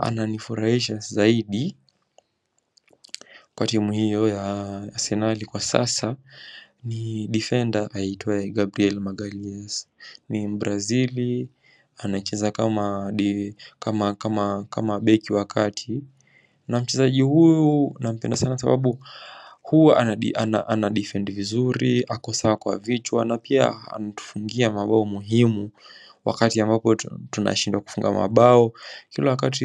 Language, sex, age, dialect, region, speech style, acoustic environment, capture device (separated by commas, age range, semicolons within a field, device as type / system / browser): Swahili, male, 18-24, Kenya - Mombasa, Kenya, relaxed; focused, construction, mobile / macOS / Safari